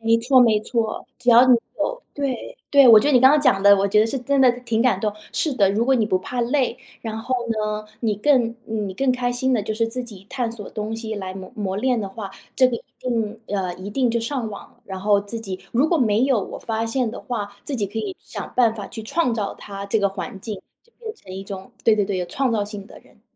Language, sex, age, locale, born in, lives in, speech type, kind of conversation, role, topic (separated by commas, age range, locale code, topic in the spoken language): Chinese, female, 30-34, zh-CN, China, United States, conversation, advice, advisor, 我想为了追求更真实的自我而换工作，但又担心风险，该怎么办？